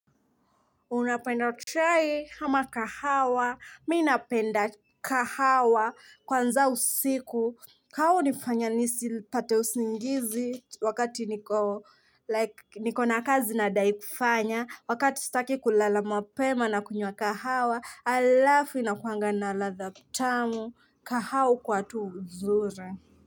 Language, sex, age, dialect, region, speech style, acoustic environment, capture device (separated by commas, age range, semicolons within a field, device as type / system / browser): Swahili, female, 25-34, Kenya - Mombasa, Kenya, happy; anxious; focused, people talking; traffic, mobile / Linux / Chrome